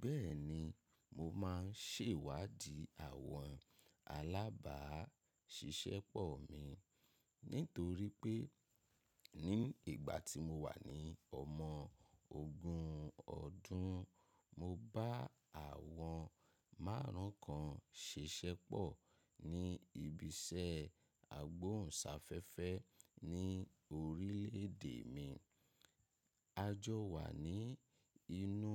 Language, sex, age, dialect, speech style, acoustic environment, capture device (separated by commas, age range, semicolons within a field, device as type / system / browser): Yoruba, male, 25-34, Nigeria - Ibadan, happy; excited; focused; relaxed, nature; silence, mobile / macOS / Safari